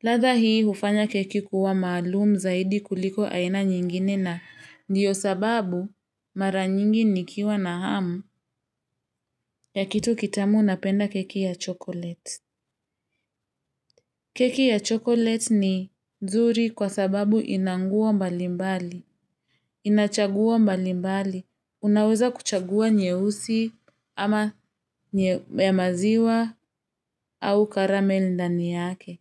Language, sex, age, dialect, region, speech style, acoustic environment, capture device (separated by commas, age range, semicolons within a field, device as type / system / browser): Swahili, female, 25-34, Kenya - Nairobi Sheng-influenced, Kenya, happy; excited; focused; relaxed, nature; silence; traffic, mobile / Linux / Chrome